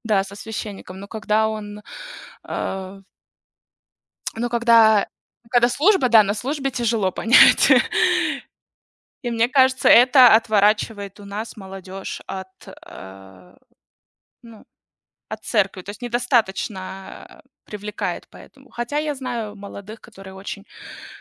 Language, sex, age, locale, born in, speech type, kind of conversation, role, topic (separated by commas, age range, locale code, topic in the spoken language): Russian, female, 30-34, ru-RU, Russia, conversation, unstructured, none, Какие традиции вашего сообщества вам нравятся больше всего?